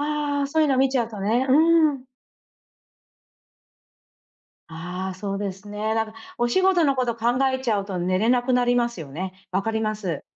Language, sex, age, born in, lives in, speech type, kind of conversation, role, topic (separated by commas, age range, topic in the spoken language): Japanese, female, 40-44, Japan, United States, conversation, advice, advisor, 気持ちの揺れをどうすれば穏やかにできますか？